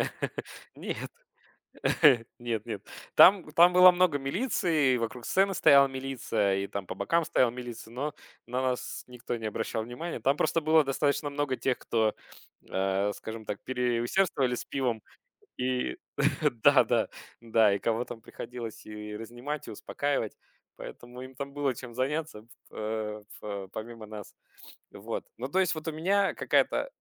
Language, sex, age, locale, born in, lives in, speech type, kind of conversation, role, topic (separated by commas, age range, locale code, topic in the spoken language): Russian, male, 35-39, ru-UA, Russia, Germany, conversation, podcast, guest, Какая музыка у тебя ассоциируется с домом?